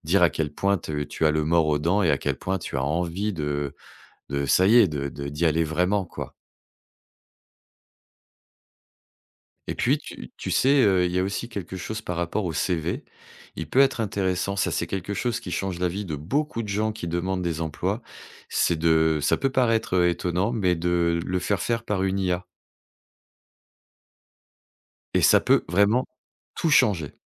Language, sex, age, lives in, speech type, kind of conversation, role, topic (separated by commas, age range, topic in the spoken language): French, male, 45-49, France, conversation, advice, advisor, Comment surmonter la peur de postuler à un nouveau travail par crainte du rejet ?